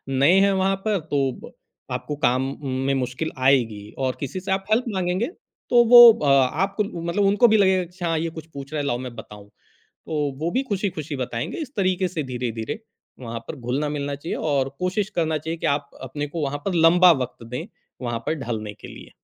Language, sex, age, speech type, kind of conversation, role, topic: Hindi, male, 40-44, conversation, podcast, guest, नौकरी बदलते समय आपको सबसे मुश्किल क्या लगता है?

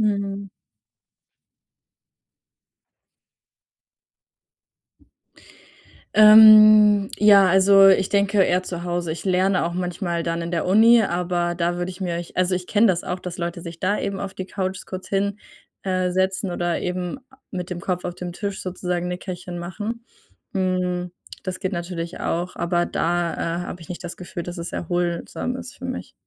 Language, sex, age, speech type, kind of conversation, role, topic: German, female, 20-24, conversation, advice, user, Welche Schwierigkeiten hast du dabei, tagsüber kurze Nickerchen zur Erholung zu machen?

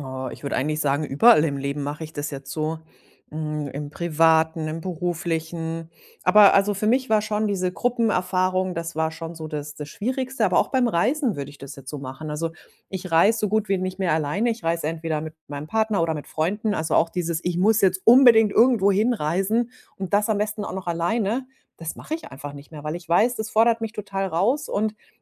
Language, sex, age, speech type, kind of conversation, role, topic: German, female, 40-44, conversation, podcast, guest, Wie kannst du deine Komfortzone ganz praktisch verlassen?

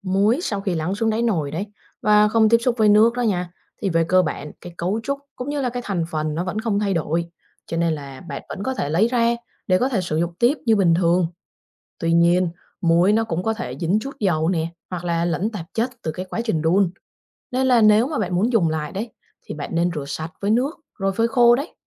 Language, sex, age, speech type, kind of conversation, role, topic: Vietnamese, female, 25-29, read, expert-assistant, expert, Vì sao khi bỏ muối vào nước, muối lại tan ra?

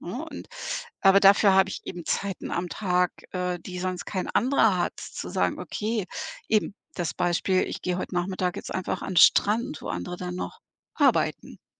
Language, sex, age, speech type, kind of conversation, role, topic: German, female, 55-59, conversation, podcast, guest, Wie findest du die Balance zwischen Arbeit und Freizeit?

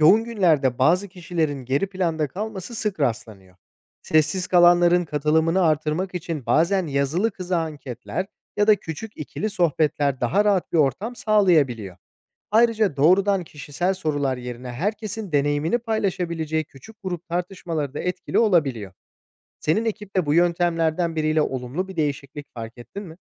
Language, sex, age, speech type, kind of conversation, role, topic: Turkish, male, 25-29, read, expert-assistant, expert, Sağlık ekiplerinde verimli ve katılımcı iletişim nasıl artırılır?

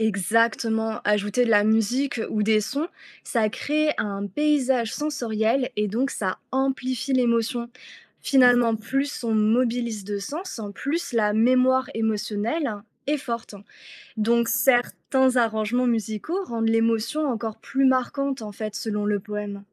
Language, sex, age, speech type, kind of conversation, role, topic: French, female, 30-34, read, expert-assistant, expert, Écouter un poème a-t-il plus d'impact que le lire ?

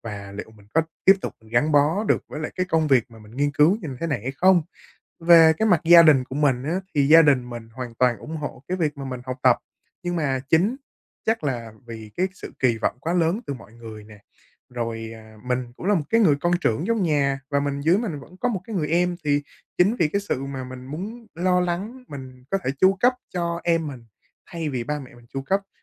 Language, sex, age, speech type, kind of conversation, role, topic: Vietnamese, male, 20-24, conversation, advice, user, Làm sao để bình tĩnh và tiếp tục tiến lên khi tôi lo lắng về tương lai không chắc chắn?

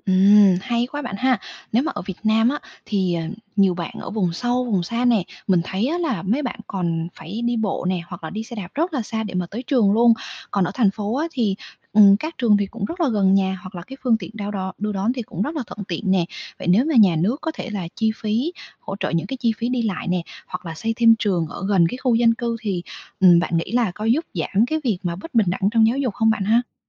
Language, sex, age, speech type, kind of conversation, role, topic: Vietnamese, female, 25-29, read, expert-assistant, asker, Bạn có thể giải thích bất bình đẳng xã hội bằng một phép ẩn dụ gần gũi với đời sống người Việt, như chợ truyền thống hoặc giao thông giờ cao điểm không?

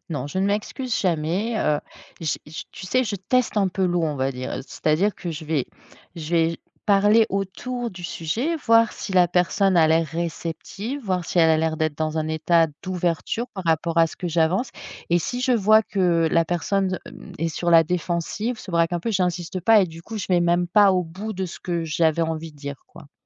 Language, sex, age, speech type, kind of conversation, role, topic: French, female, 45-49, conversation, podcast, guest, Comment dire la vérité quand elle risque de blesser ?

